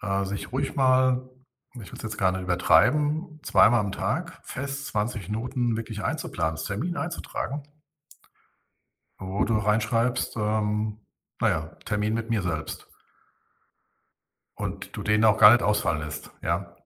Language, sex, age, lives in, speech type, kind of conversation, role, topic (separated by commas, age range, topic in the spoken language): German, male, 60-64, Germany, conversation, podcast, guest, Wie integrierst du Achtsamkeit in einen stressigen Arbeitstag?